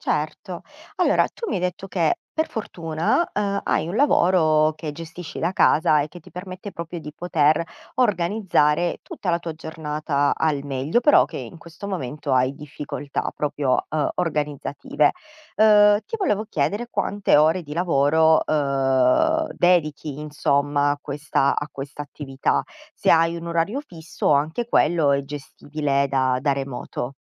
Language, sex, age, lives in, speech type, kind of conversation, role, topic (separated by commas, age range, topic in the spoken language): Italian, female, 30-34, Italy, conversation, advice, advisor, Perché fai fatica a mantenere una routine quotidiana?